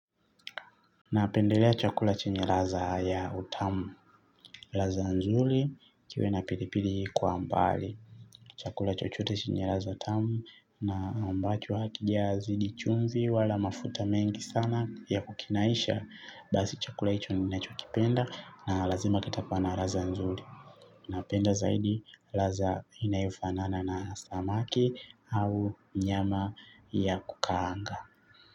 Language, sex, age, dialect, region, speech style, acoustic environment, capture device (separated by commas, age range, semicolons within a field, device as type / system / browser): Swahili, male, 25-34, Tanzania - Dar es Salaam, Tanzania, excited; happy, traffic, mobile / Linux / Chrome